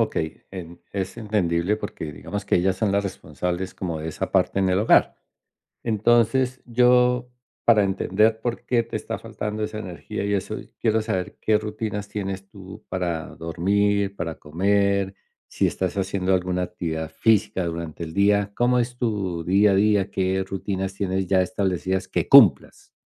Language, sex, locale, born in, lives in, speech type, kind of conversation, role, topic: Spanish, male, es-CO, Colombia, United States, conversation, advice, advisor, ¿Cómo puedo manejar los conflictos en casa causados por mi irritabilidad y falta de energía?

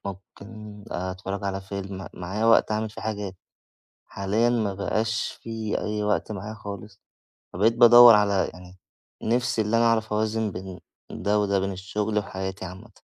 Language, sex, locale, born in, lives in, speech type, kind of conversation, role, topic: Arabic, male, ar-EG, Egypt, Egypt, conversation, advice, user, إزاي أوازن بين شغلي وحياتي الشخصية كرائد أعمال؟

